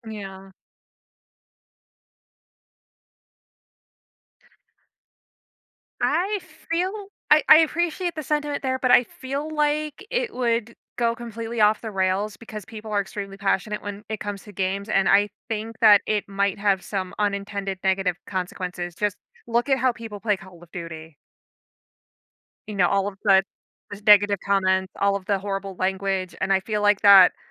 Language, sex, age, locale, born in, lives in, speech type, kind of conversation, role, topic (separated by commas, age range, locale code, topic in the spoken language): English, female, 30-34, en-US, United States, United States, conversation, podcast, guest, Why are parks and green spaces important for city life?